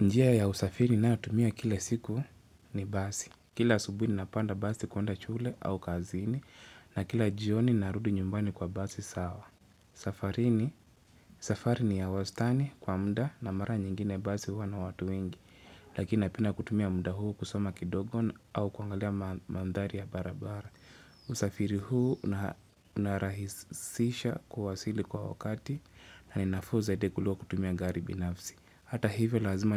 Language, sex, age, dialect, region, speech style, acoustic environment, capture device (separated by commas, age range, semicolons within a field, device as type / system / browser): Swahili, male, 25-34, Kenya - Nairobi Sheng-influenced, Kenya, focused, nature, mobile / Linux / Chrome